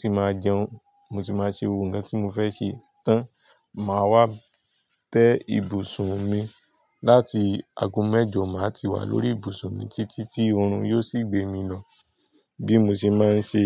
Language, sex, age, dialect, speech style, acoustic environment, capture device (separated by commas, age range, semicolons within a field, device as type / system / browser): Yoruba, male, 25-34, Nigeria - Nigerian Standard, tired, traffic; people talking; music; nature, mobile / Linux / Chrome